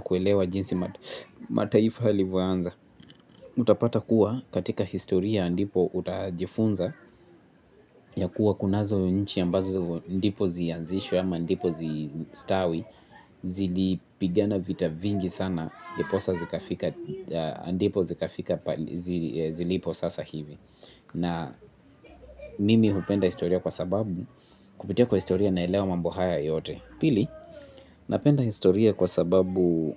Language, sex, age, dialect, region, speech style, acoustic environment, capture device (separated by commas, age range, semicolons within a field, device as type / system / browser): Swahili, male, 18-24, Kenya - Nairobi Sheng-influenced, Kenya, relaxed, people talking, mobile / Linux / Chrome